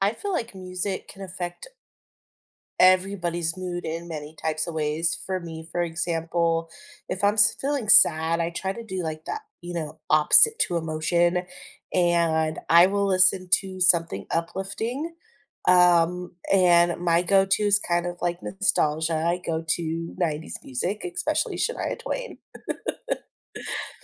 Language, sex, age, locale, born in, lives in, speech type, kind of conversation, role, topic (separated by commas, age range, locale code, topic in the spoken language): English, female, 30-34, en-US, United States, United States, conversation, podcast, guest, In what ways can music shape our emotions and daily experiences?